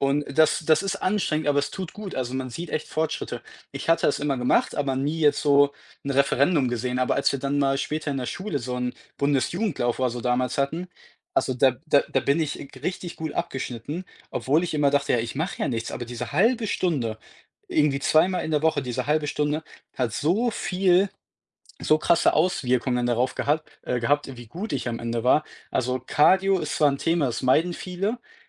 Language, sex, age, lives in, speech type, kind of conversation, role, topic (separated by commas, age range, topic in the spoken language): German, male, 20-24, Germany, conversation, unstructured, none, Was motiviert dich, regelmäßig Sport zu treiben?